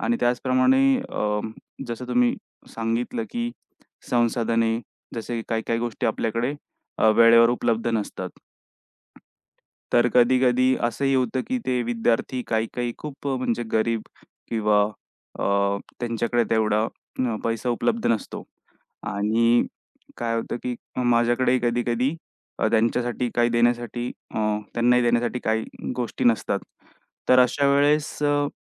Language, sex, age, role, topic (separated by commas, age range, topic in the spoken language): Marathi, male, 25-29, guest, तुम्ही तुमच्या कामातून काय योगदान देऊ इच्छिता?